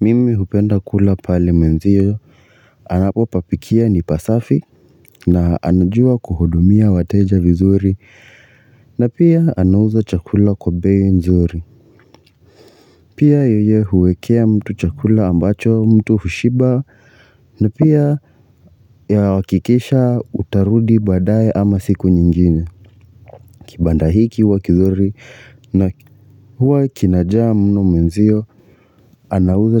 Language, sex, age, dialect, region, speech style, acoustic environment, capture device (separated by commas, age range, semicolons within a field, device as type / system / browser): Swahili, male, 25-34, Kenya - Nairobi Sheng-influenced, Kenya, relaxed, silence, mobile / Linux / Chrome